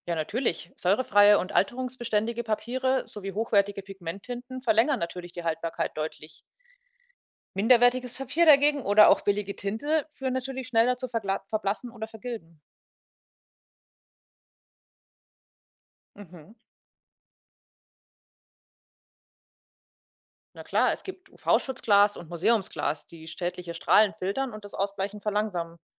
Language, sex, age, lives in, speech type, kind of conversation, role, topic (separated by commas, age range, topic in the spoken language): German, female, 30-34, Germany, read, expert-assistant, expert, Woran kann es liegen, dass Farben in digitalen Bildern am Bildschirm anders aussehen als beim Drucken?